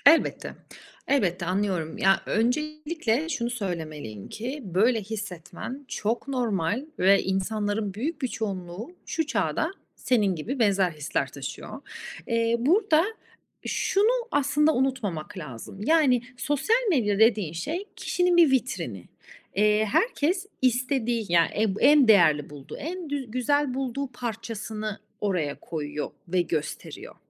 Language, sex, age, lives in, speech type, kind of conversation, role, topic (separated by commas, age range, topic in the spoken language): Turkish, female, 35-39, Italy, conversation, advice, advisor, Sosyal medyada kendini başkalarıyla kıyasladığında hayatın sana anlamsız geliyor mu?